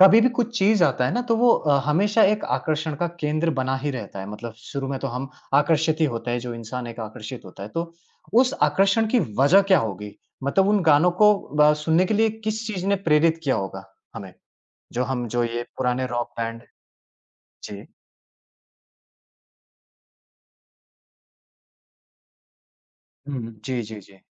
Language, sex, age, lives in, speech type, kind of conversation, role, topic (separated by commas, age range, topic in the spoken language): Hindi, male, 30-34, India, conversation, podcast, host, नए गाने खोजने के लिए आप कहाँ जाते हैं?